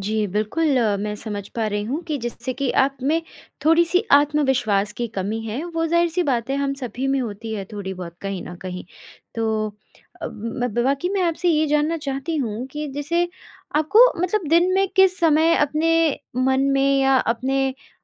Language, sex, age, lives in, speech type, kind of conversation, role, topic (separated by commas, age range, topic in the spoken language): Hindi, female, 20-24, India, conversation, advice, advisor, मेरा लक्ष्य पूरा करने का उत्साह क्यों कम हो गया है और मैं इसे कैसे वापस ला सकता/सकती हूँ?